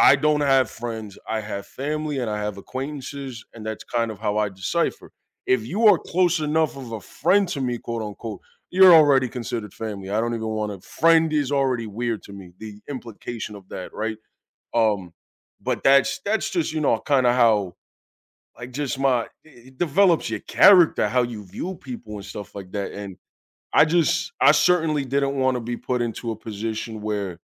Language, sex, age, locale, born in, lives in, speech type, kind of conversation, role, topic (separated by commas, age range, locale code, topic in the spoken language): English, male, 30-34, en-US, United States, United States, conversation, unstructured, none, In what ways can connecting with others open doors to personal and professional growth?